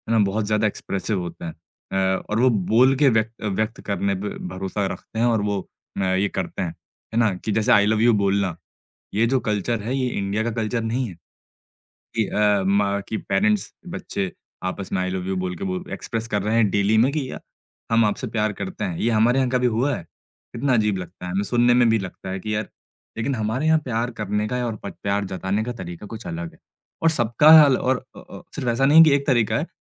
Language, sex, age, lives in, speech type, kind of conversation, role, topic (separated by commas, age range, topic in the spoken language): Hindi, male, 25-29, India, conversation, podcast, host, South Asian families mein pyaar dikhane ke cultural norms kaise alag hote hain?